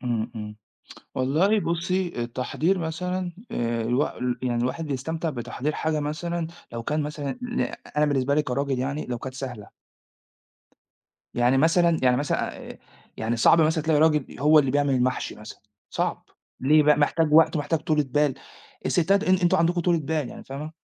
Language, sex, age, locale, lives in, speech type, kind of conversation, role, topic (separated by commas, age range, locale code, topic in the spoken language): Arabic, male, 40-44, ar-EG, Italy, conversation, unstructured, none, إيه أكتر أكلة بتحب تطبخها بإيدك؟